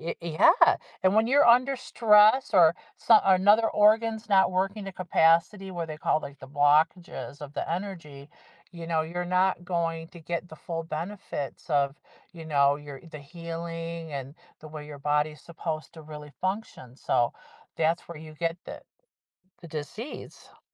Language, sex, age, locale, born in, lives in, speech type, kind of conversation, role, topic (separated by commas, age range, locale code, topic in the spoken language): English, female, 55-59, en-US, United States, United States, conversation, advice, advisor, How can I show appreciation for a friend's honest but supportive advice?